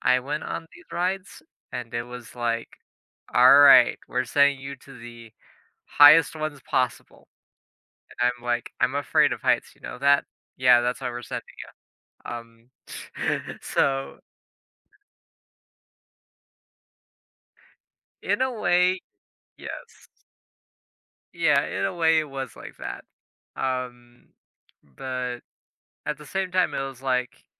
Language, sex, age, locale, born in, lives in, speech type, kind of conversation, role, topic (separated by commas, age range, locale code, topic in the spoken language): English, male, 20-24, en-US, United States, United States, conversation, advice, user, How can I make my daily life more joyful?